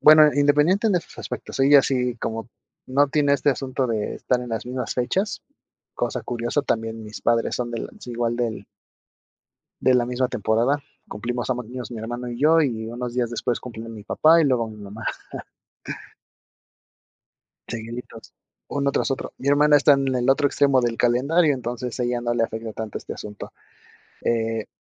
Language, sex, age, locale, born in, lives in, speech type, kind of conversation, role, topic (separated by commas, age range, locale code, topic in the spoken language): Spanish, male, 30-34, es-MX, Mexico, Mexico, conversation, advice, user, ¿Qué puedo hacer si me siento excluido de decisiones familiares importantes o de reuniones?